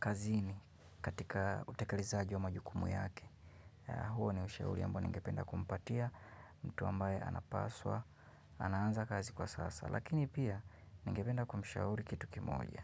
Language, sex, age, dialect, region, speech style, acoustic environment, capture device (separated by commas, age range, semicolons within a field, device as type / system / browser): Swahili, male, 25-34, Kenya - Nairobi Sheng-influenced, Kenya, relaxed; focused; happy, silence, mobile / Linux / Chrome